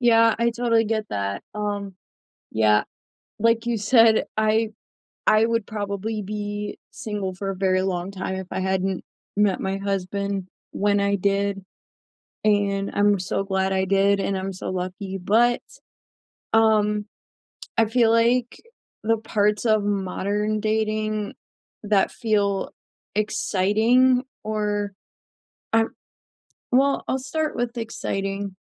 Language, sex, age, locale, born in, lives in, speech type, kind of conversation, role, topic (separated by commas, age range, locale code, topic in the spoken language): English, female, 20-24, en-US, United States, United States, conversation, unstructured, none, How are the rules of modern dating changing for you, and what actually works?